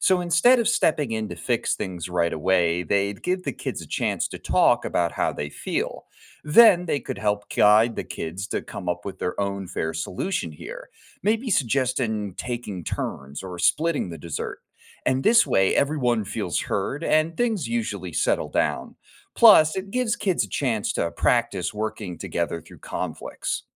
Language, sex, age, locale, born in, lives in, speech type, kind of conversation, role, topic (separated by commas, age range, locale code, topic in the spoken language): English, male, 25-29, en-US, United States, United States, read, expert-assistant, expert, How can emotional intelligence defuse tense family situations?